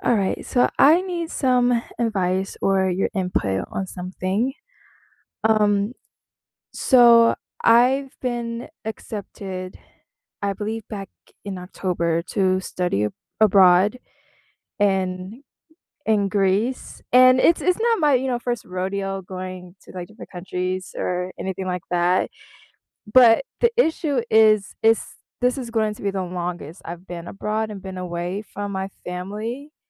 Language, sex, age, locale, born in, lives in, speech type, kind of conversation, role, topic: English, female, 20-24, en-US, United States, United States, conversation, advice, user, How can I share good news with my family in a meaningful and considerate way?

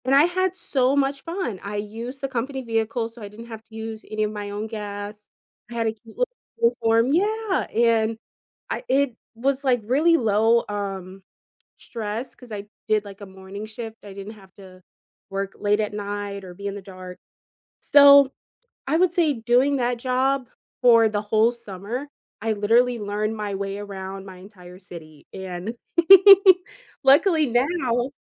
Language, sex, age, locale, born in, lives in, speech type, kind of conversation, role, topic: English, female, 25-29, en-US, United States, United States, conversation, unstructured, none, What is the most unusual job you have ever had?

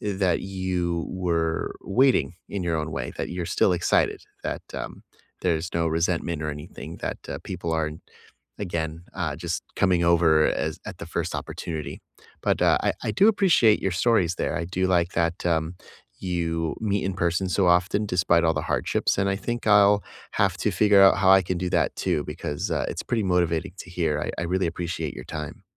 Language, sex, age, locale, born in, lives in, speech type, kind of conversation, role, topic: English, male, 30-34, en-US, United States, United States, conversation, unstructured, none, Which small rituals help you feel close across distance, and how do they nurture connection?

